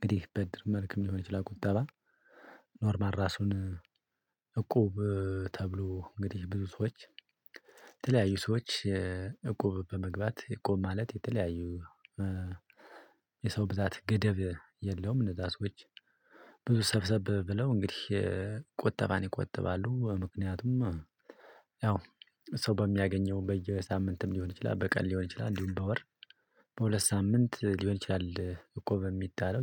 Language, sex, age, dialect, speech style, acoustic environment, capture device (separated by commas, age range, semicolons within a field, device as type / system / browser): Amharic, male, 25-34, Ethiopia - Addis Ababa, excited; happy; anxious; tired; relaxed; nervous; focused; stressed, silence; people talking; music; traffic; construction; appliances; nature, mobile / Linux / Chrome